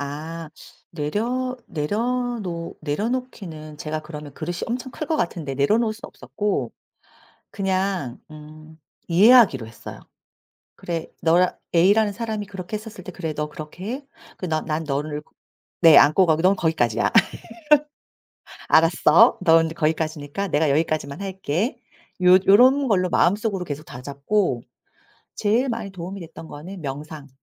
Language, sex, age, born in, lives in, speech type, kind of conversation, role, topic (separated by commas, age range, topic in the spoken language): Korean, female, 40-44, South Korea, South Korea, conversation, podcast, guest, 버티기 힘들 때 마음을 어떻게 다잡으시나요?